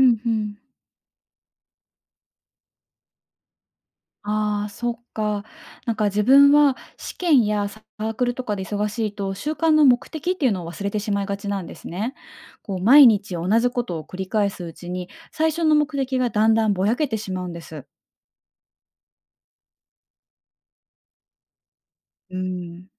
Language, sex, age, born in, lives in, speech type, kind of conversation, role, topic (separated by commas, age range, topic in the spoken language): Japanese, female, 30-34, Japan, Japan, read, expert-assistant, asker, 人はなぜ習慣を変えるのが難しいのでしょうか？その障壁を乗り越える新しい方法にはどんなものが考えられますか？